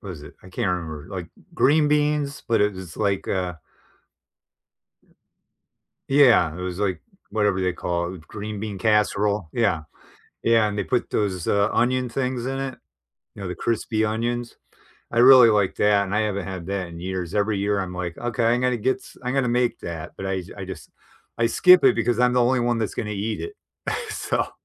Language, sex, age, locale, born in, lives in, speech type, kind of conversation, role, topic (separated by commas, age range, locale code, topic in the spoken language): English, male, 55-59, en-US, United States, United States, conversation, unstructured, none, What is your favorite comfort food, and what memory do you associate with it?